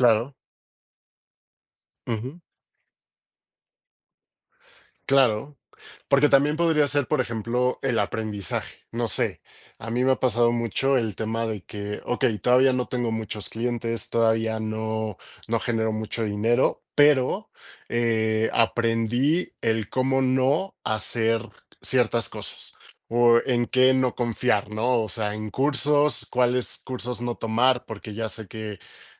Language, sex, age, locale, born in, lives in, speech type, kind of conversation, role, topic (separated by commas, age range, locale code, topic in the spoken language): Spanish, male, 35-39, es-MX, Mexico, Mexico, conversation, unstructured, none, ¿Cómo te sientes cuando alcanzas un objetivo en el trabajo?